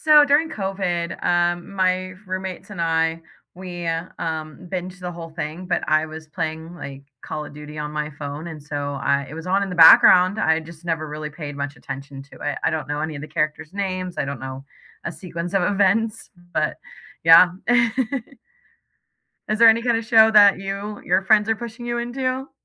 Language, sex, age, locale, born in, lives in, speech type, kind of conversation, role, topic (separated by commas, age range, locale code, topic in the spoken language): English, female, 30-34, en-US, United States, United States, conversation, unstructured, none, Which movies, TV shows, music, games, or live events make you feel most connected to other people, and how do you share them?